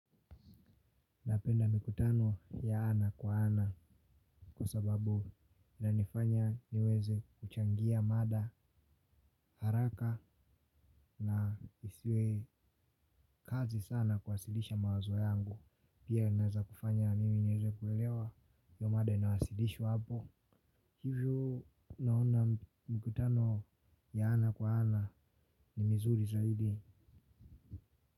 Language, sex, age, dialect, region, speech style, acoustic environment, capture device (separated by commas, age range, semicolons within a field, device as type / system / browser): Swahili, male, 18-24, Tanzania - Dar es Salaam, Tanzania, relaxed; happy, people talking; silence, mobile / Linux / Chrome